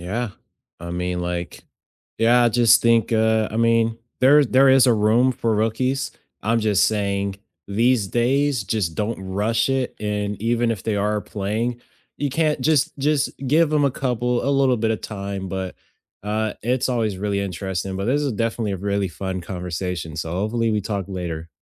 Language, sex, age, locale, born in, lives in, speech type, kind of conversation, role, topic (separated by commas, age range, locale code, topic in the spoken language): English, male, 20-24, en-US, United States, United States, conversation, unstructured, none, When should a coach bench a veteran in favor of a rookie, and how should they explain that decision to fans?